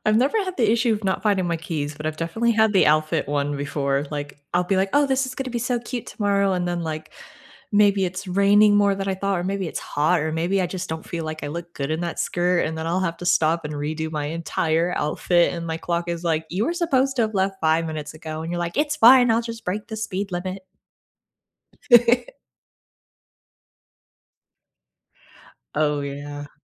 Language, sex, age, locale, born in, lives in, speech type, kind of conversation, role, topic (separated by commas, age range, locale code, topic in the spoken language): English, female, 25-29, en-US, United States, United States, conversation, unstructured, none, What small morning habits genuinely improve your day’s start, and how did you develop them?